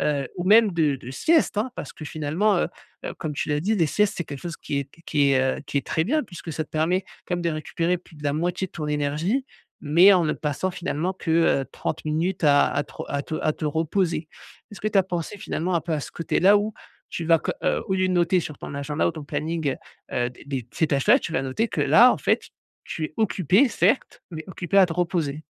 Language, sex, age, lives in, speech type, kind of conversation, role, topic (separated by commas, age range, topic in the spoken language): French, male, 35-39, France, conversation, advice, advisor, Comment mieux équilibrer ma vie sociale et mon repos le week-end ?